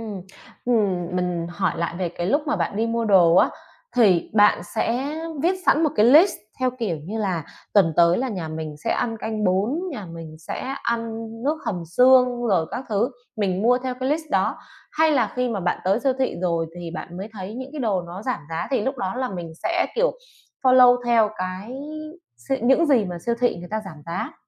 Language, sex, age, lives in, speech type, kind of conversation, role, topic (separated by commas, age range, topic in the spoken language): Vietnamese, female, 30-34, Vietnam, conversation, podcast, host, Bạn làm gì để giảm lãng phí thực phẩm trong gia đình?